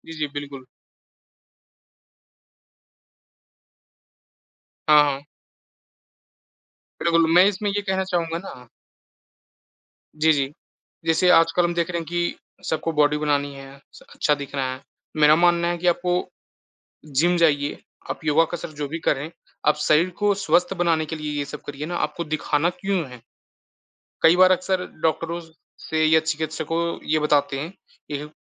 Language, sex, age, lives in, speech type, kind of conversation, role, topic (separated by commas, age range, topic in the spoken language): Hindi, male, 20-24, India, conversation, unstructured, none, क्या सोशल मीडिया फिटनेस के रुझानों को लेकर अंधविश्वास फैलाता है?